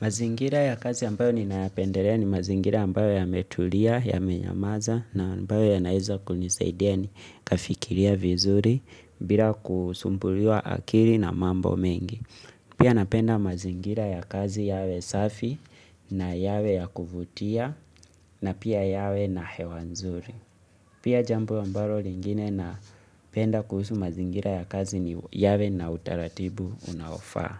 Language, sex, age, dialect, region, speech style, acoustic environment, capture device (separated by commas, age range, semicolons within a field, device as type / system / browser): Swahili, male, 25-34, Kenya - Nairobi Sheng-influenced, Kenya, relaxed, silence, mobile / Linux / Chrome